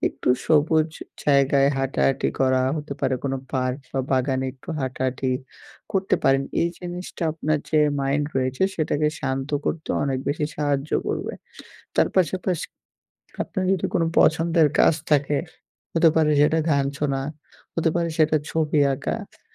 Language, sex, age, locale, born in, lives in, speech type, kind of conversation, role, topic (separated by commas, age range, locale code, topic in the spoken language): Bengali, male, 18-19, bn-BD, Bangladesh, Bangladesh, conversation, advice, advisor, আমি কীভাবে বুঝতে পারি যে আমার উদ্বেগ একটি স্বাভাবিক প্রতিক্রিয়া?